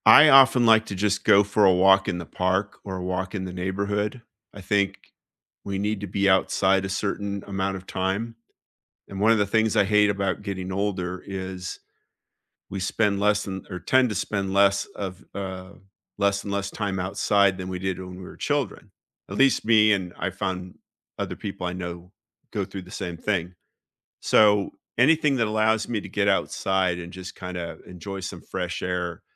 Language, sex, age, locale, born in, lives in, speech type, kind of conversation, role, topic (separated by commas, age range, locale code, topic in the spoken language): English, male, 55-59, en-US, United States, United States, conversation, unstructured, none, What is a comfort game or hobby you use to unwind after work?